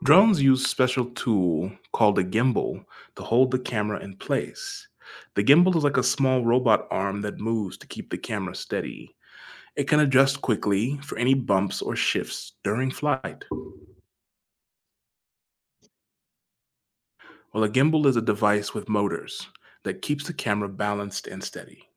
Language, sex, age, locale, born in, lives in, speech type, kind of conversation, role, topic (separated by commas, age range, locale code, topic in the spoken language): English, male, 30-34, en-US, United States, United States, read, expert-assistant, expert, How does a drone’s camera stabilize footage?